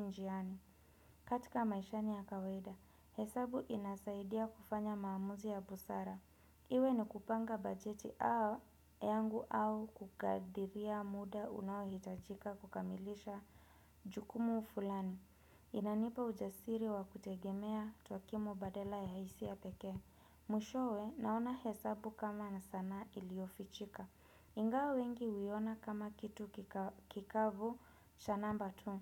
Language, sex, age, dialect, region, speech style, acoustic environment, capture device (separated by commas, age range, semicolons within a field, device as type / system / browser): Swahili, female, 25-34, Kenya - Nairobi Sheng-influenced, Kenya, relaxed, nature; people talking; appliances, desktop / Windows / Chrome